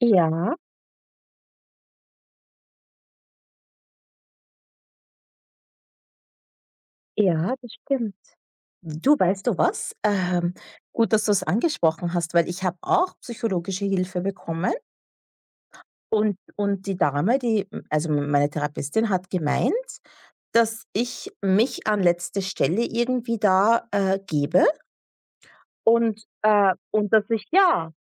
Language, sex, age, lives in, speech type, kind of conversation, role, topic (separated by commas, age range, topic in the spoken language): German, female, 30-34, United States, conversation, unstructured, none, Wie entscheidest du, wann du „Nein“ sagen solltest?